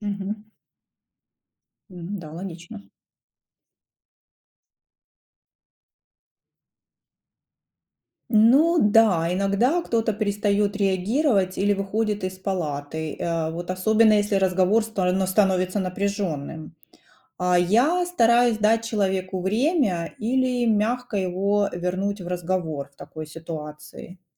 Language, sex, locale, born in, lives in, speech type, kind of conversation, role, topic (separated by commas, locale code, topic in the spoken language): Russian, female, ru-RU, Ukraine, United States, read, expert-assistant, asker, Как медсестре находить общий язык с разными пациентами и их родственниками, чтобы избежать недопонимания?